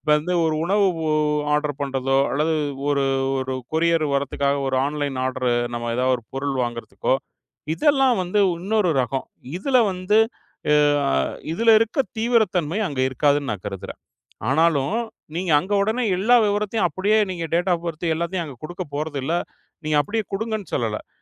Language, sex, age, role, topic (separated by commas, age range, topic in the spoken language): Tamil, male, 40-44, guest, தனியுரிமையை ஆன்லைனில் எப்படி பாதுகாப்பீர்கள்?